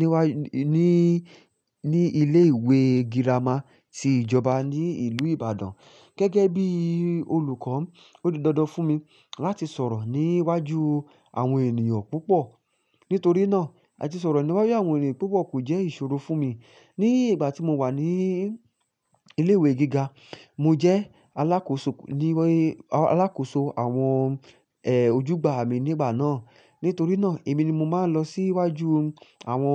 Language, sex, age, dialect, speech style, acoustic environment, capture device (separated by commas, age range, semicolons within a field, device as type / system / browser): Yoruba, male, 25-34, Nigeria - Ibadan, focused, silence, mobile / Linux / Chrome